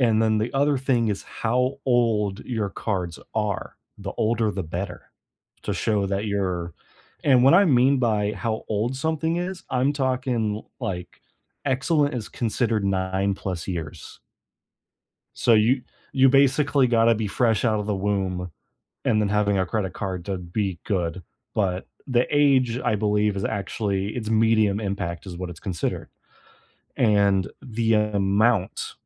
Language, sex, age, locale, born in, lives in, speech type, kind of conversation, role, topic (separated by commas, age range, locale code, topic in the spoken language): English, male, 25-29, en-US, United States, United States, conversation, unstructured, none, What surprising factors can affect your credit score?